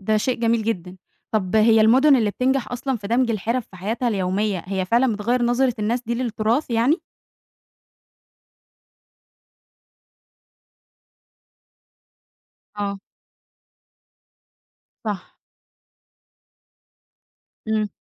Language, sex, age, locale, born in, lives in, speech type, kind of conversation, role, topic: Arabic, female, 20-24, ar-EG, Egypt, Egypt, read, expert-assistant, asker, إزاي السياحة بتأثر على ثقافة وتقاليد المدن اللي الناس بتزورها؟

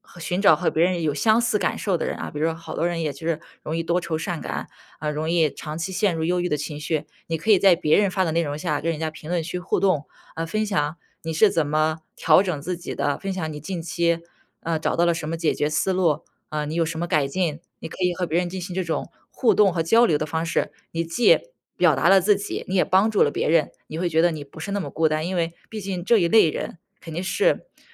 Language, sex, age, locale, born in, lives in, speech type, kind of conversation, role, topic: Chinese, female, 25-29, zh-CN, China, United States, conversation, advice, advisor, 为什么我会反复出现忧郁情绪并且很难自控？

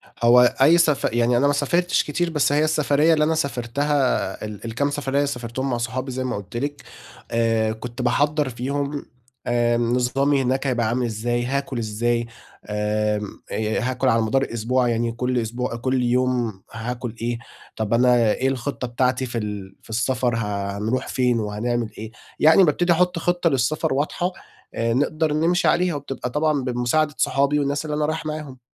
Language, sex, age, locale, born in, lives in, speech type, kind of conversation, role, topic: Arabic, male, 25-29, ar-EG, Egypt, Egypt, conversation, advice, user, إزاي أتغلب على قلق السفر وأستمتع باستكشاف أماكن جديدة؟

